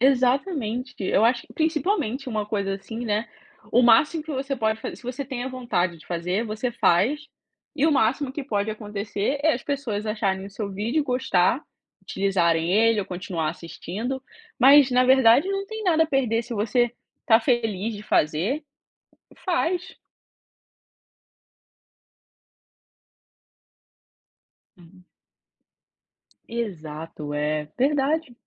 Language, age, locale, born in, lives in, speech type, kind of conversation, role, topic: Portuguese, 25-29, pt-BR, Brazil, United States, conversation, unstructured, none, Como você convenceria alguém a dar o primeiro passo, mesmo com medo?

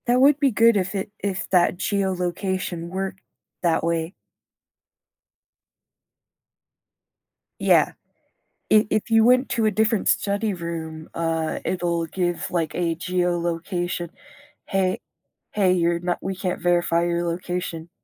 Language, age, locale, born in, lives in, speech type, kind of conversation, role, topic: English, 25-29, en-US, United States, United States, conversation, advice, user, How do I make a confident choice when I'm uncertain about a major life decision?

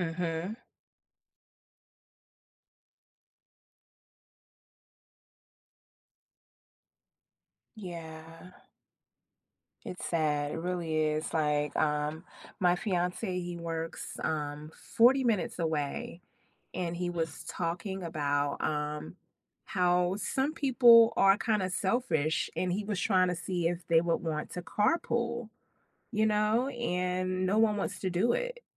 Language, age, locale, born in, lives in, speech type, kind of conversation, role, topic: English, 40-44, en-US, United States, United States, conversation, unstructured, none, What concerns you most about the impact of climate change on our future?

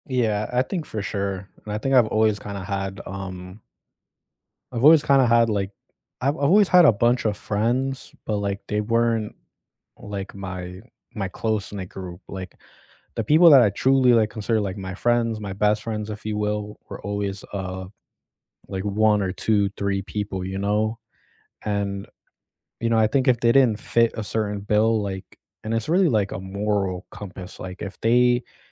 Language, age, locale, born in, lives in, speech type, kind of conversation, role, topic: English, 25-29, en-US, United States, United States, conversation, unstructured, none, Which friendship tradition do you cherish and keep alive, and how do you keep it meaningful today?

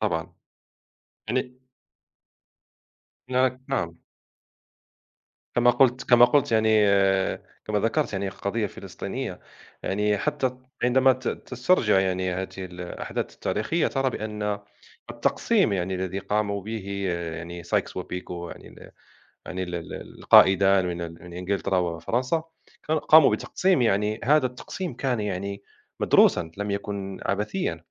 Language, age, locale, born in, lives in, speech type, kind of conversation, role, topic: Arabic, 30-34, ar-MA, Morocco, France, conversation, unstructured, none, إيه الدروس اللي ممكن نتعلمها من سقوط الدولة العثمانية؟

